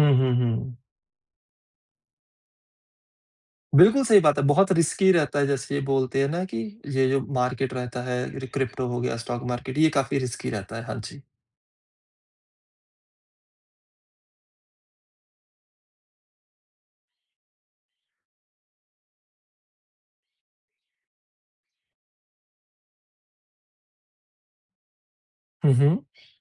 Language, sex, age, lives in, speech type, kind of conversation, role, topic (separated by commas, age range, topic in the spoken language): Hindi, male, 30-34, India, conversation, podcast, host, Tum apni galtiyon se kaise seekhte ho, aur koi ek example de sakte ho?